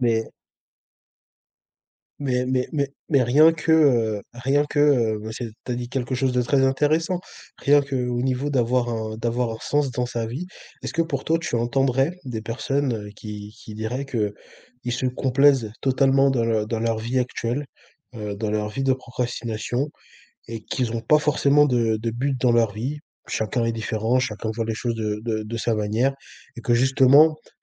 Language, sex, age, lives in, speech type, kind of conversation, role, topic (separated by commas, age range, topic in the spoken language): French, male, 20-24, France, conversation, podcast, host, Tu fais quoi pour éviter de procrastiner à la maison ?